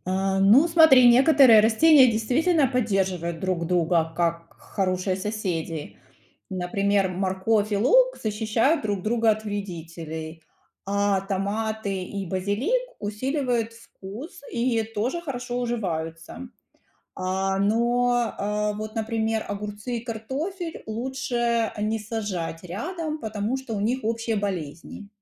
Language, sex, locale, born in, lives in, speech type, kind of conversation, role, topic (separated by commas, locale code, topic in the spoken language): Russian, female, ru-RU, Ukraine, United States, read, expert-assistant, expert, Если бы поле было как квартира, какие «правила соседства» действовали бы между разными растениями?